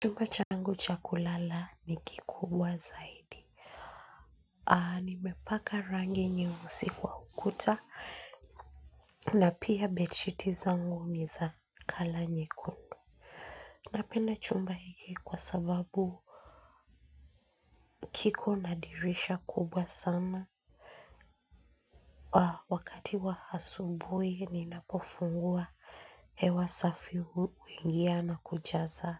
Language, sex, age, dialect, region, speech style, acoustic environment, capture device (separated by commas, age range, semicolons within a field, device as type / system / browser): Swahili, female, 25-34, Kenya - Nairobi Sheng-influenced, Kenya, focused, silence; nature, mobile / Linux / Chrome